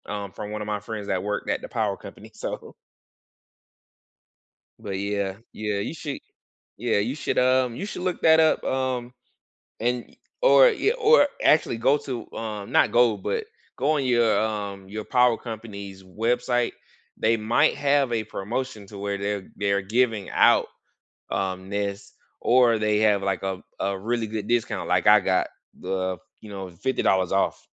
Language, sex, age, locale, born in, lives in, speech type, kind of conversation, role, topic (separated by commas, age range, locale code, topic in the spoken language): English, male, 35-39, en-US, United States, United States, conversation, unstructured, none, Which small, budget-friendly energy savers and comfort upgrades have actually made your home cozier and cheaper to run?